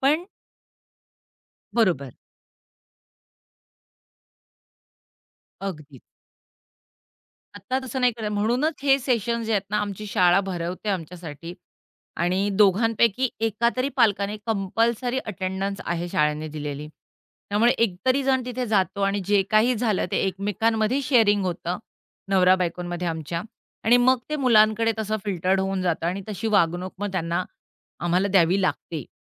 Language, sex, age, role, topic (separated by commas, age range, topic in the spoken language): Marathi, female, 45-49, guest, घरात स्क्रीन वेळेचे नियम तुम्ही कसे ठरवता?